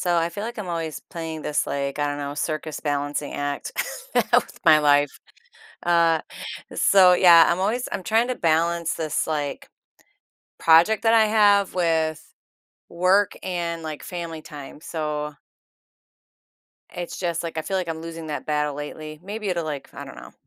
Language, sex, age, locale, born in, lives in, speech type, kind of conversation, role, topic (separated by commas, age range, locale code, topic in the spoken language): English, female, 50-54, en-US, United States, United States, conversation, advice, user, How can I balance a demanding project and family time?